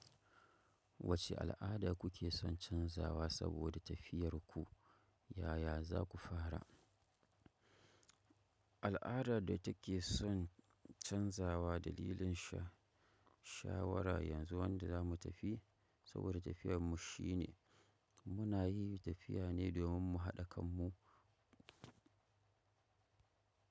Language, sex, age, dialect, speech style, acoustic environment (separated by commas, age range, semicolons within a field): Hausa, male, 25-34, Nigeria - Kano, focused, nature